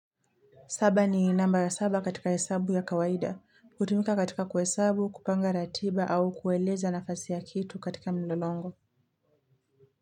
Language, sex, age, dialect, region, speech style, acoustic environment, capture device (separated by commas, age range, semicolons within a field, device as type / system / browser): Swahili, female, 18-24, Kenya - Mombasa, Kenya, relaxed, traffic, mobile / Linux / Chrome